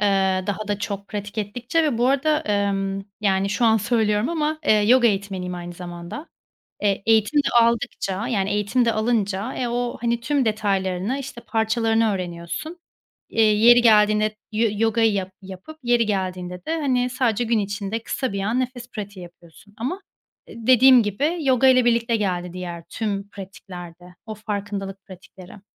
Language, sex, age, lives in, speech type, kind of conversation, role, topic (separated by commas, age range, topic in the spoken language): Turkish, female, 30-34, Spain, conversation, podcast, guest, Kaygılandığın anlarda seni sakinleştiren küçük alışkanlıklar neler?